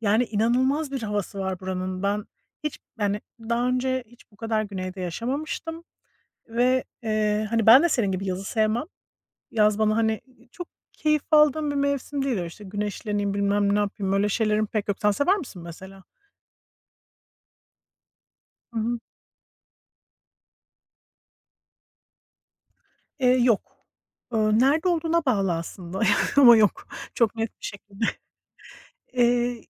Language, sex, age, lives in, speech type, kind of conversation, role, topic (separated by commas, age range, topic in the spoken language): Turkish, female, 40-44, United States, conversation, unstructured, none, En sevdiğiniz mevsim hangisi ve neden?